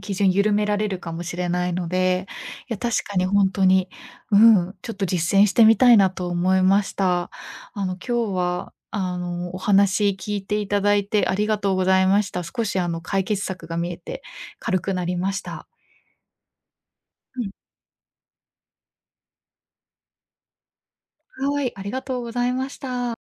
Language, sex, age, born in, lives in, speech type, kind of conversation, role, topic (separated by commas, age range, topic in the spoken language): Japanese, female, 25-29, Japan, Japan, conversation, advice, user, 完璧を求めすぎていつも疲れてしまうのは、どうしたら楽になりますか？